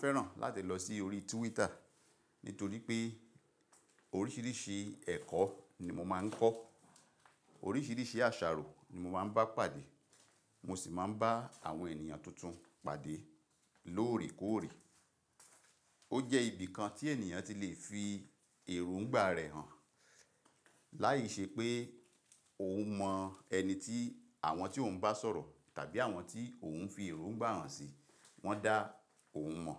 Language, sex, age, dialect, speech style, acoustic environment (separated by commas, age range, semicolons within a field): Yoruba, male, 45-54, Nigeria - Ibadan, relaxed, silence